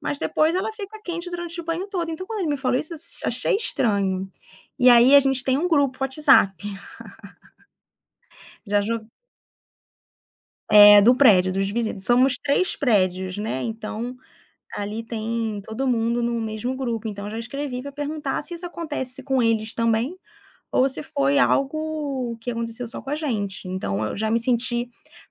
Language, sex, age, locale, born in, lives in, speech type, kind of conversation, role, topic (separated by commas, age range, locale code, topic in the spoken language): Portuguese, female, 35-39, pt-BR, Brazil, France, conversation, podcast, guest, Como se constrói confiança entre vizinhos numa comunidade?